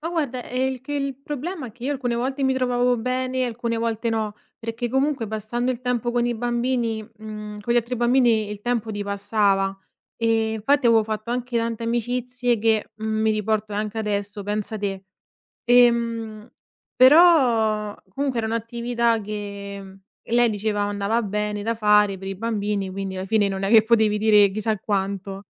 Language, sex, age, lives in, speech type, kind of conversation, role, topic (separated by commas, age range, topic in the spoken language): Italian, female, 25-29, Italy, conversation, podcast, guest, Che cosa facevi di solito d’estate quando eri bambino?